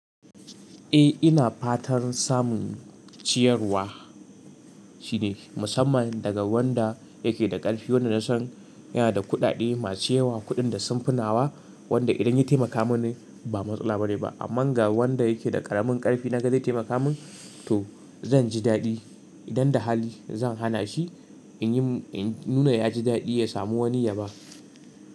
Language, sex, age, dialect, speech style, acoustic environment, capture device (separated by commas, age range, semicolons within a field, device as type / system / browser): Hausa, male, 18-24, Nigeria - Katsina, relaxed; focused, silence, mobile / Linux / Chrome